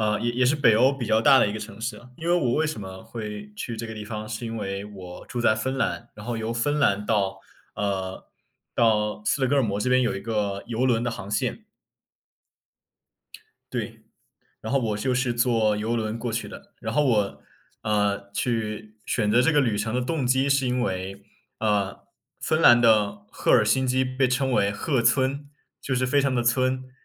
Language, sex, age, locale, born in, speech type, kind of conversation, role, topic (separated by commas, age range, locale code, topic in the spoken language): Chinese, male, 20-24, zh-CN, China, conversation, unstructured, none, 你觉得旅行会改变一个人的生活吗？